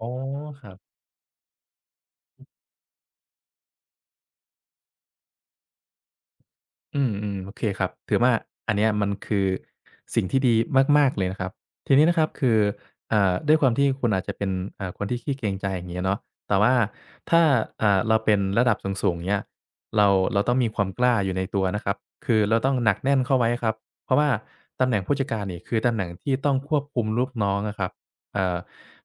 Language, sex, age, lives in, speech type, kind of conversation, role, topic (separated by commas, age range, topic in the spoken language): Thai, male, 25-29, Thailand, conversation, advice, advisor, ทำไมคุณถึงไม่ขอความช่วยเหลือทั้งที่รู้ว่าตัวเองต้องการ?